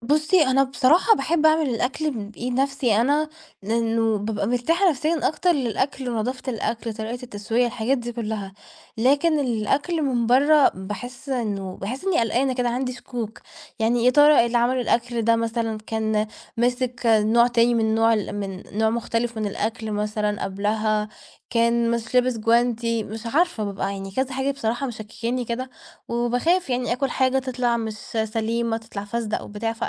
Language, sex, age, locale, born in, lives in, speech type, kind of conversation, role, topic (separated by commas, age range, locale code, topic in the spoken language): Arabic, female, 20-24, ar-EG, Egypt, Portugal, conversation, podcast, guest, إزاي بتختار أكلك لما تكون مستعجل؟